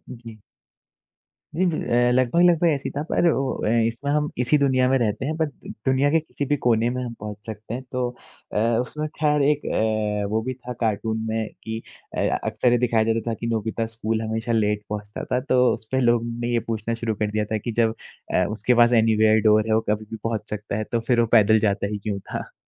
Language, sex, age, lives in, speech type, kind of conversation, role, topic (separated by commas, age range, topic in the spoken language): Hindi, male, 20-24, India, conversation, unstructured, none, आपके बचपन का सबसे पसंदीदा कार्टून कौन-सा था?